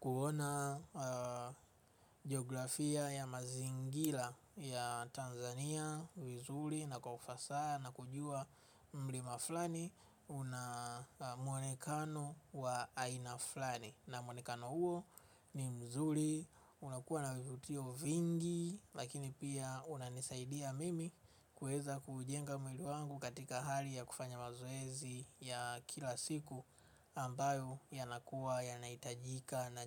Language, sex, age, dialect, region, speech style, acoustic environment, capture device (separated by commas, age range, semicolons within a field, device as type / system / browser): Swahili, male, 25-34, Tanzania - Dar es Salaam, Tanzania, focused; relaxed, nature, mobile / Linux / Chrome